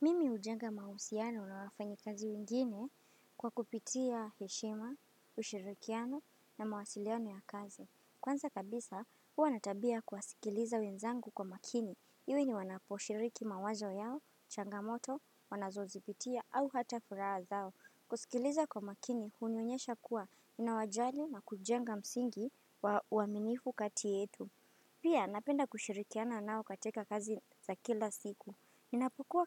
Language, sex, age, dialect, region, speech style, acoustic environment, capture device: Swahili, female, 18-24, Kenya - Mombasa, Kenya, happy, nature, desktop / Windows / Firefox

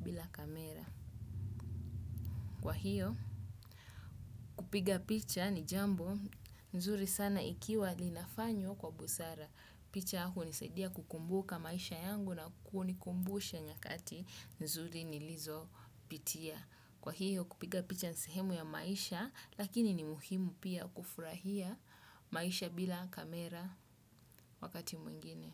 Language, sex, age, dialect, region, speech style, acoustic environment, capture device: Swahili, male, 25-34, Kenya - Mombasa, Kenya, focused, silence, desktop / Windows / Chrome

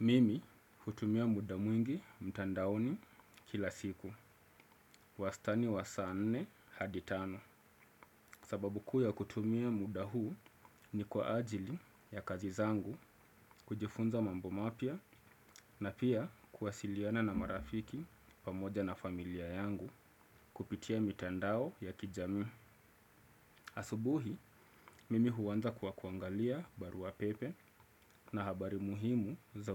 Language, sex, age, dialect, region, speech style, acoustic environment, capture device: Swahili, male, 25-34, Kenya - Mombasa, Kenya, happy; focused; excited; relaxed, silence, desktop / Windows / Chrome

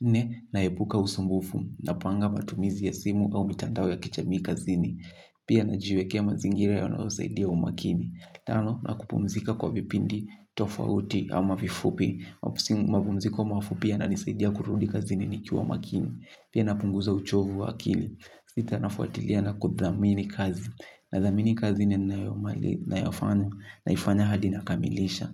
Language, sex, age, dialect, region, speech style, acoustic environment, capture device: Swahili, male, 18-24, Kenya - Kiambu, Kenya, anxious, silence, mobile / Linux / Chrome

